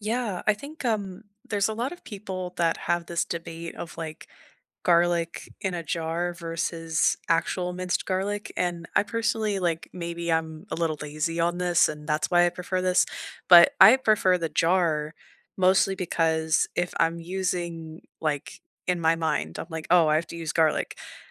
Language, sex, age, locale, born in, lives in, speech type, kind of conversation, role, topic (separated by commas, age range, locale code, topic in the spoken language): English, female, 25-29, en-US, United States, Canada, conversation, unstructured, none, What quick kitchen fixes have made cooking feel easier for you?